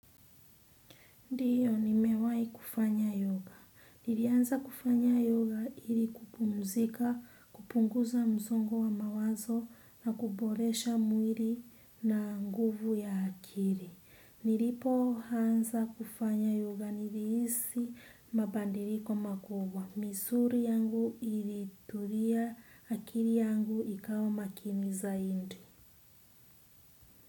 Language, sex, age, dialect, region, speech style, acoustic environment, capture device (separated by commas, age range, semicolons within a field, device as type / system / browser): Swahili, female, 25-34, Kenya - Mombasa, Kenya, relaxed, nature; silence, desktop / Windows / Chrome